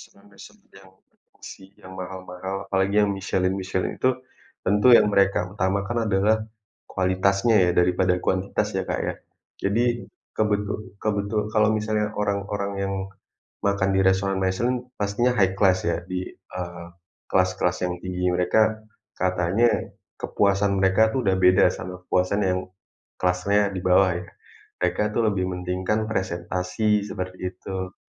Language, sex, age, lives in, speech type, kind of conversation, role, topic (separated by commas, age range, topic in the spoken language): Indonesian, male, 30-34, Indonesia, conversation, unstructured, none, Apakah kamu setuju bahwa harga makanan di restoran terlalu mahal?